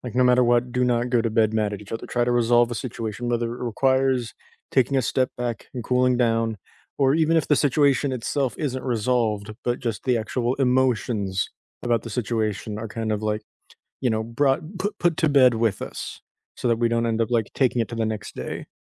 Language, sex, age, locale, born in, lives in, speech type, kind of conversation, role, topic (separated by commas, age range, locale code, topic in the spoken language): English, male, 20-24, en-US, United States, United States, conversation, unstructured, none, When misunderstandings happen, how do you resolve conflict so you both feel heard and end up feeling closer?